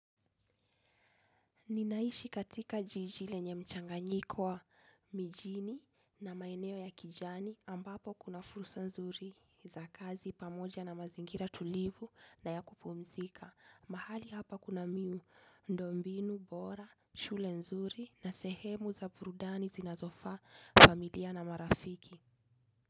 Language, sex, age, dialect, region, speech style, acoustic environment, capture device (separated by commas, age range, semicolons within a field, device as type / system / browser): Swahili, female, 25-34, Kenya - Nairobi Sheng-influenced, Kenya, focused, silence, desktop / Linux / Chrome